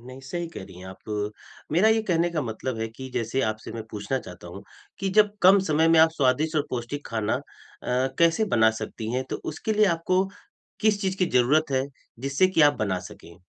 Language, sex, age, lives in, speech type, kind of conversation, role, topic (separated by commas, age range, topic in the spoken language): Hindi, male, 45-49, India, conversation, advice, advisor, कम समय में स्वादिष्ट और पौष्टिक भोजन कैसे पकाएँ?